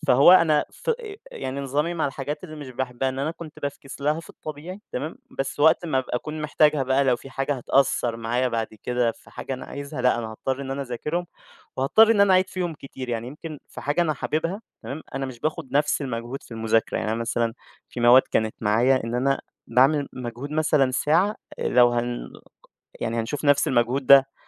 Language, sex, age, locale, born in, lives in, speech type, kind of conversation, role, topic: Arabic, male, 20-24, ar-EG, Egypt, Egypt, conversation, podcast, guest, إيه حكايتك مع التعليم، وإزاي بدأت رحلة التعلّم عندك؟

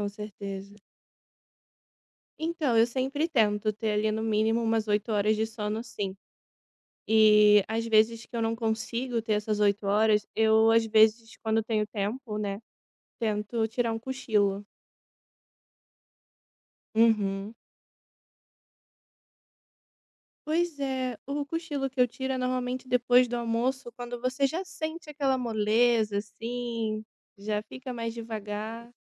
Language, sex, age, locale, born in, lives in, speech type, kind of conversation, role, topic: Portuguese, female, 25-29, pt-BR, Brazil, Italy, conversation, podcast, guest, Como é sua rotina para ter uma boa noite de sono?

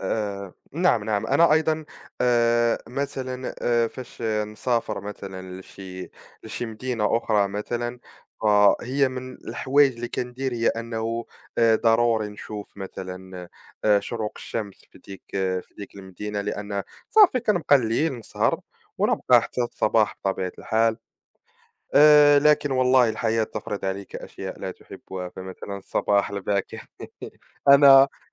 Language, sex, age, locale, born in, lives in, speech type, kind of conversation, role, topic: Arabic, male, 20-24, ar-MA, Morocco, France, conversation, unstructured, none, إنت بتفضل تصحى بدري ولا تسهر بالليل، وليه؟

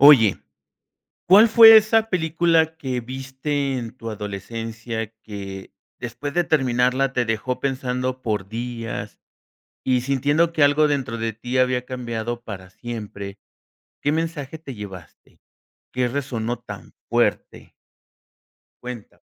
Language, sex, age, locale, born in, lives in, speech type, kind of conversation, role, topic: Spanish, male, 50-54, es-MX, Mexico, Mexico, conversation, podcast, host, ¿Qué película te marcó cuando eras joven y por qué?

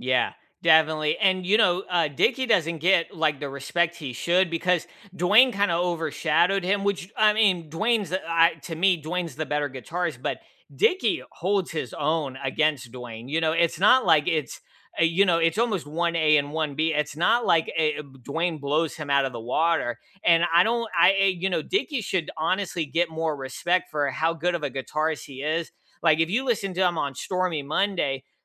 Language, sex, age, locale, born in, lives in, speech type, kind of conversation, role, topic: English, male, 35-39, en-US, United States, United States, conversation, unstructured, none, Which songs or genres instantly lift your mood, and what memories or moments make them special?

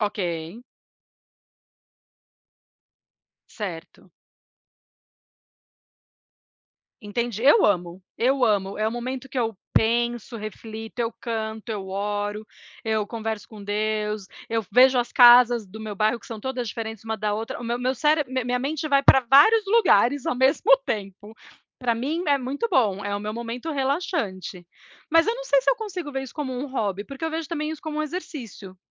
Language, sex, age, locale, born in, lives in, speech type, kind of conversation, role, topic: Portuguese, female, 40-44, pt-BR, Brazil, United States, conversation, unstructured, none, Qual hobby você considera mais relaxante no dia a dia?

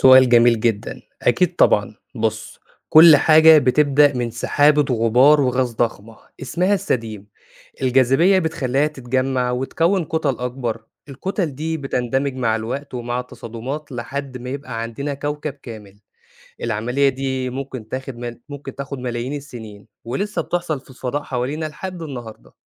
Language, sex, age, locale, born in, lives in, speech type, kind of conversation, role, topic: Arabic, male, 25-29, ar-EG, Egypt, Egypt, read, expert-assistant, expert, إزاي الكواكب بتتكوّن من الأول؟ يعني إيه مراحل تكوين كوكب جديد في الفضاء؟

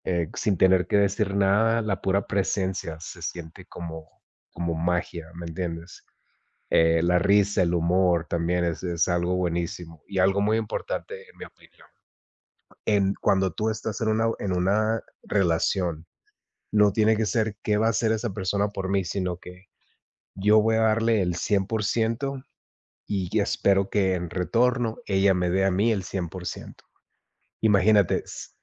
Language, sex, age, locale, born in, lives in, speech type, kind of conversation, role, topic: Spanish, male, 40-44, es-MX, United States, United States, conversation, unstructured, none, ¿Qué valoras más en una relación amorosa?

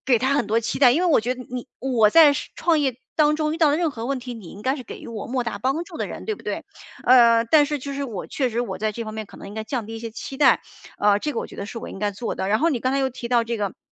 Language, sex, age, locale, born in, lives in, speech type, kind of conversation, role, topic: Chinese, female, 45-49, zh-CN, China, United States, conversation, advice, user, 如何与他人协作打破创意僵局？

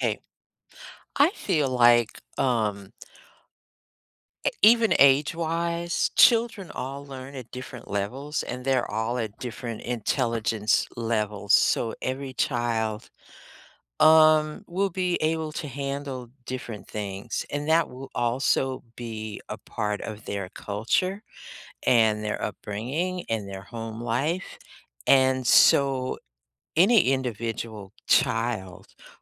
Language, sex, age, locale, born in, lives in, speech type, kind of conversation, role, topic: English, female, 60-64, en-US, United States, United States, conversation, unstructured, none, What is your opinion on schools banning certain books or topics?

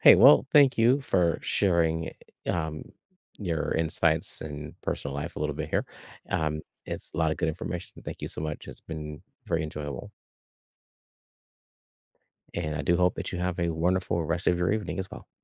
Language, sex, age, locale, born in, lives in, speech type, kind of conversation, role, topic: English, male, 55-59, en-US, United States, United States, conversation, podcast, host, How do friendships endure and grow stronger over the years?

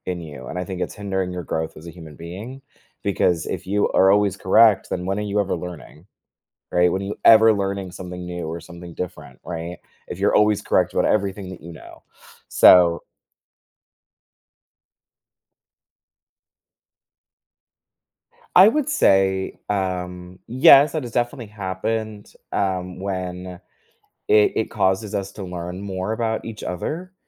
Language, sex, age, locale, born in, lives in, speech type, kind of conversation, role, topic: English, male, 20-24, en-US, United States, United States, conversation, podcast, guest, What helps maintain strong friendships when disagreements arise?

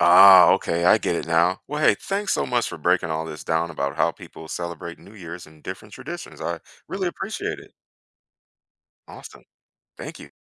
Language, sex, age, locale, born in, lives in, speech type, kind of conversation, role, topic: English, male, 35-39, en-US, United States, United States, read, expert-assistant, asker, How do people around the world celebrate the New Year?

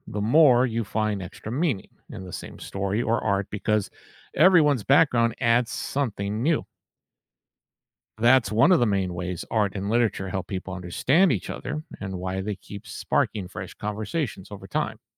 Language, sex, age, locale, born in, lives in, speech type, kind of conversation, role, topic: English, male, 55-59, en-US, United States, United States, read, expert-assistant, expert, What are the roles of art and literature in reflecting and shaping society?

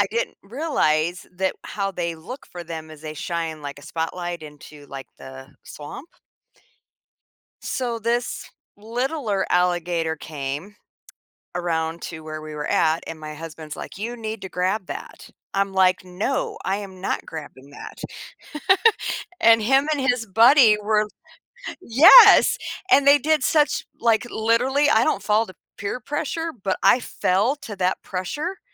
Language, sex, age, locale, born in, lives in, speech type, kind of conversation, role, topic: English, female, 55-59, en-US, United States, United States, conversation, podcast, guest, How have your travels pushed you out of your comfort zone?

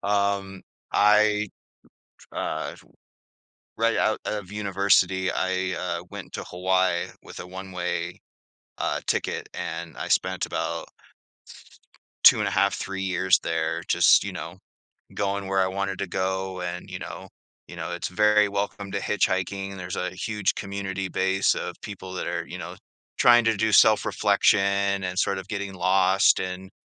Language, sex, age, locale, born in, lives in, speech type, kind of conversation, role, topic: English, male, 40-44, en-US, Canada, United States, conversation, podcast, guest, How do you decide when to stick to a travel plan and when to go with the flow?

